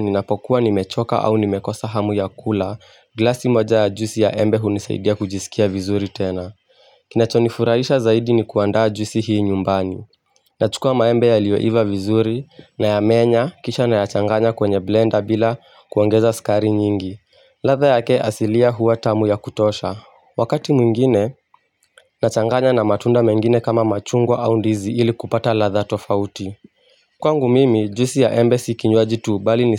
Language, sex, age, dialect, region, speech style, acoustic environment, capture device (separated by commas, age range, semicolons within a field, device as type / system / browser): Swahili, male, 18-24, Kenya - Mombasa, Kenya, focused, silence, mobile / Linux / Chrome